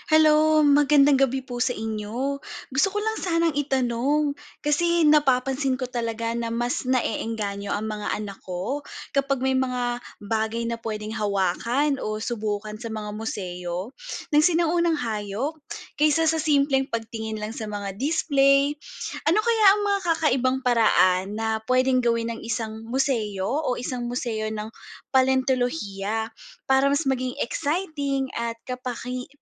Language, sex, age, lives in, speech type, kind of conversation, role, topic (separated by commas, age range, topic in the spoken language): Filipino, female, 30-34, Philippines, read, expert-assistant, asker, Paano mapapalapit at magiging kapanapanabik para sa pamilya ang pagbisita sa museo ng sinaunang hayop?